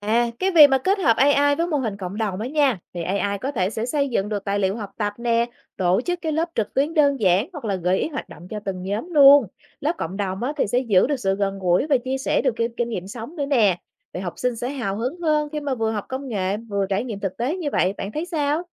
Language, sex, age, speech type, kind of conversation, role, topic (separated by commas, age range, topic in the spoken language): Vietnamese, female, 35-39, read, expert-assistant, expert, Nếu trí tuệ nhân tạo trở thành giáo viên chính trong trường học, hệ thống giáo dục sẽ biến đổi ra sao? Học sinh và xã hội sẽ đối mặt với những thách thức hay cơ hội gì?